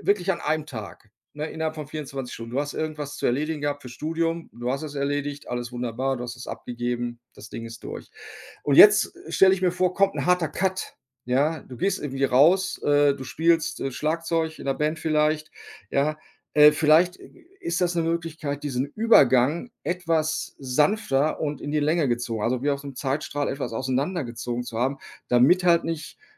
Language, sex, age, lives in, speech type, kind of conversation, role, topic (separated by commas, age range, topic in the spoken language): German, male, 55-59, Germany, conversation, advice, advisor, Wie kann ich meine Freizeit genießen, ohne mich unter Leistungsdruck zu setzen?